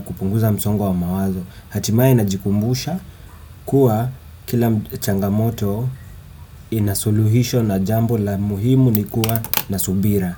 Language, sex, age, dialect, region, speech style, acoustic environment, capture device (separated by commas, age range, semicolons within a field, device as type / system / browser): Swahili, female, 35-44, Kenya - Nairobi Sheng-influenced, Kenya, nervous, appliances, desktop / Windows / Chrome